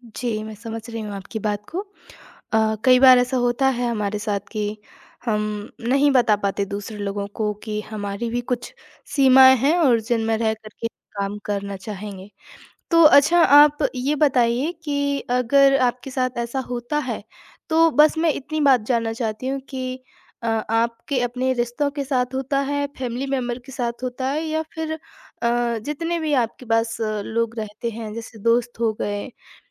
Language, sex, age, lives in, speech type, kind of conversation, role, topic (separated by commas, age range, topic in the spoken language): Hindi, female, 25-29, India, conversation, advice, advisor, मुझे अपनी सीमाएँ स्पष्ट रूप से बताने में कठिनाई क्यों होती है?